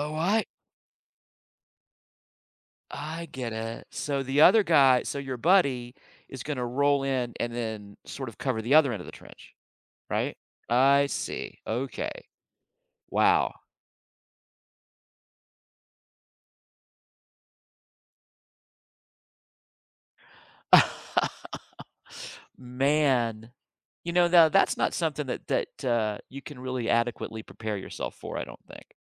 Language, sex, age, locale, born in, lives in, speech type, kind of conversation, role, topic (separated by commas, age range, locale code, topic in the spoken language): English, male, 55-59, en-US, United States, United States, conversation, podcast, host, How have moments of courage shaped your personal growth?